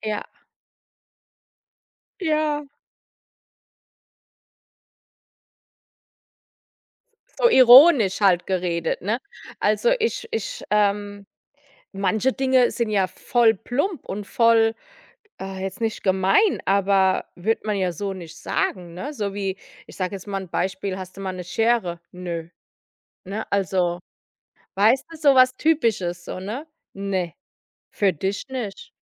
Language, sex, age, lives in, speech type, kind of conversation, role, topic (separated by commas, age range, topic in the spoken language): German, female, 35-39, United States, conversation, podcast, guest, Welche Rolle spielt Zuhören bei Missverständnissen?